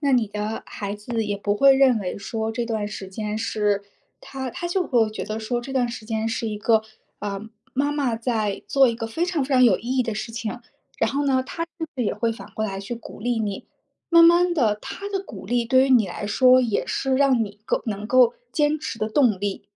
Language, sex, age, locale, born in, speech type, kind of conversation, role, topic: Chinese, female, 30-34, zh-CN, China, conversation, advice, advisor, 工作和家庭挤占创意时间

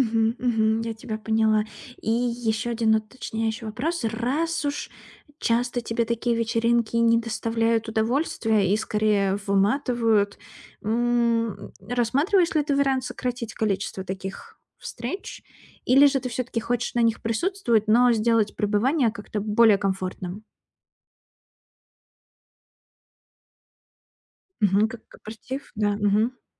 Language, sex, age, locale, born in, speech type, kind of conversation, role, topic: Russian, female, 30-34, ru-RU, Russia, conversation, advice, advisor, Как мне легче находить общий язык с разными группами людей на вечеринке?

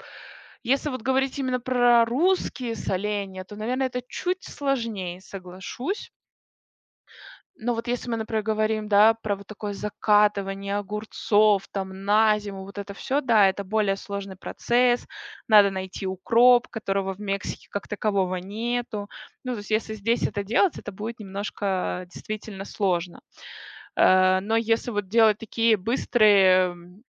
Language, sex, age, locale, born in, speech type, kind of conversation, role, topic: Russian, female, 30-34, ru-RU, Russia, conversation, podcast, guest, Как вы относитесь к ферментации и закваскам?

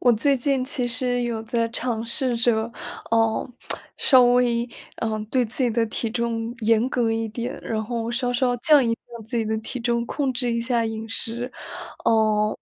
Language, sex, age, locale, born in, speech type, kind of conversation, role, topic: Chinese, female, 20-24, zh-CN, China, conversation, advice, user, 我想健康减重，但对节食方法和长期可行性拿不定主意，该怎么办？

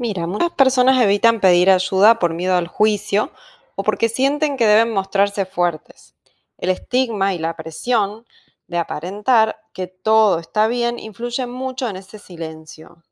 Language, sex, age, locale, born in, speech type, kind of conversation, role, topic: Spanish, female, 40-44, es-AR, Argentina, read, expert-assistant, expert, ¿Cuáles son las razones por las que las personas evitan buscar ayuda para problemas de salud mental?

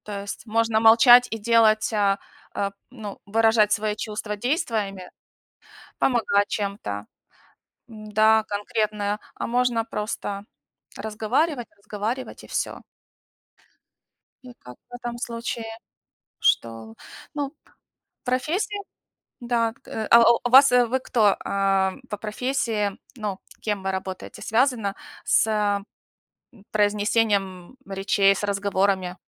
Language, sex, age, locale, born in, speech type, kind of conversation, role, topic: Russian, female, 45-49, ru-UA, Ukraine, conversation, unstructured, none, Как ты выражаешь свои мысли и чувства?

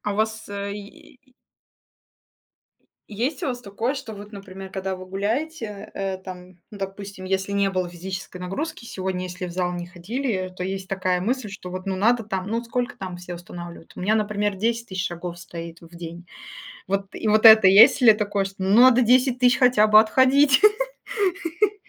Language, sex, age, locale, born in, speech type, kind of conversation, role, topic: Russian, female, 35-39, ru-RU, Russia, conversation, unstructured, none, Как спорт помогает справляться со стрессом?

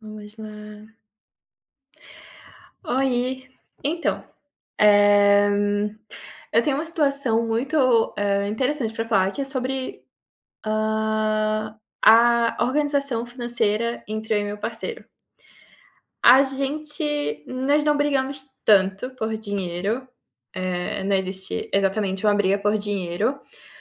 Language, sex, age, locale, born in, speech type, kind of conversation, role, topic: Portuguese, female, 25-29, pt-BR, Brazil, conversation, advice, user, Como lidar com uma briga com seu parceiro sobre prioridades financeiras e a divisão de gastos?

